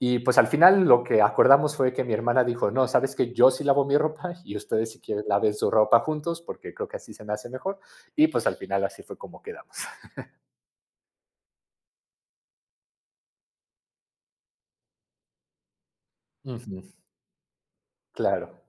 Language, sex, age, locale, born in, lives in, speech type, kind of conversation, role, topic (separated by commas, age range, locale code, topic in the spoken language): Spanish, male, 30-34, es-MX, Mexico, Mexico, conversation, podcast, guest, ¿Cómo organizas las tareas domésticas en familia?